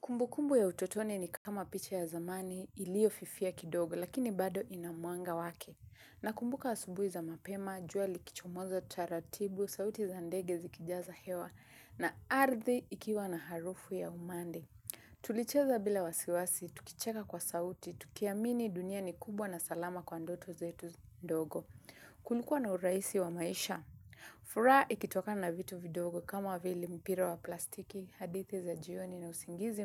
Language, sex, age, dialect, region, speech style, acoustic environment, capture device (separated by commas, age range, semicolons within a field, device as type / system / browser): Swahili, female, 25-34, Kenya - Mombasa, Kenya, relaxed, nature; appliances, mobile / Linux / Chrome